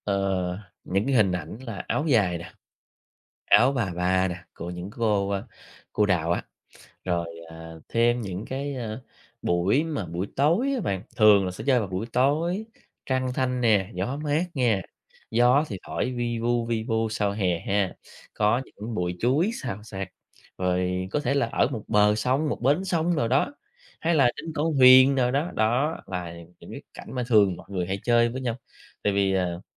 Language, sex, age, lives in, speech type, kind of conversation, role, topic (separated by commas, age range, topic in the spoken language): Vietnamese, male, 30-34, Vietnam, conversation, podcast, guest, Âm sắc quê hương bạn được thể hiện trong âm nhạc như thế nào?